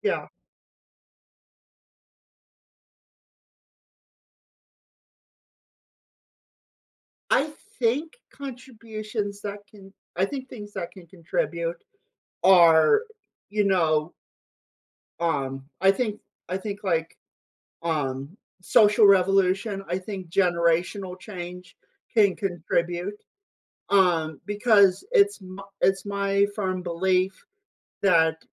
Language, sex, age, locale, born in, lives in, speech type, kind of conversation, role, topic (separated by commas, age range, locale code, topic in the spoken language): English, male, 25-29, en-US, United States, United States, conversation, podcast, guest, What influences the way traditions evolve in our lives?